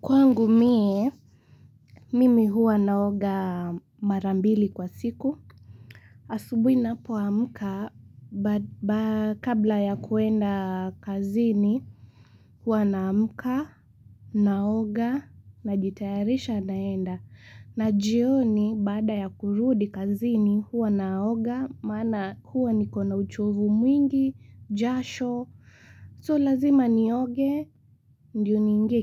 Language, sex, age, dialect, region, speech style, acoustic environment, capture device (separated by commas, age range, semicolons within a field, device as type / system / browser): Swahili, female, 18-24, Kenya - Mombasa, Kenya, focused, silence, mobile / Linux / Chrome